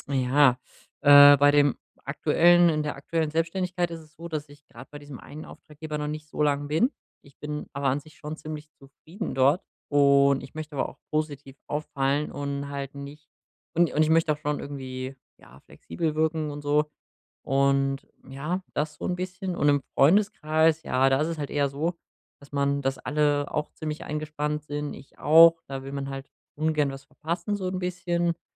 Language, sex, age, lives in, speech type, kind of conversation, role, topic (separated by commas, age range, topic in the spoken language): German, male, 25-29, Germany, conversation, advice, user, Wie entscheide ich, wann ich zu sozialen Einladungen Nein sagen sollte?